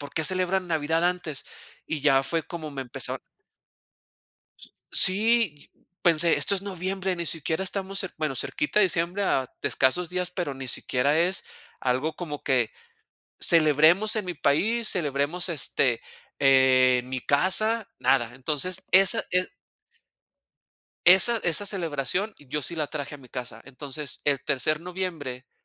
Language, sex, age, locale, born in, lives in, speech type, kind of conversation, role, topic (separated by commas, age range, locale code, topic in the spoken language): Spanish, male, 40-44, es-MX, Mexico, Mexico, conversation, unstructured, none, ¿Tienes alguna tradición familiar que te cause nostalgia?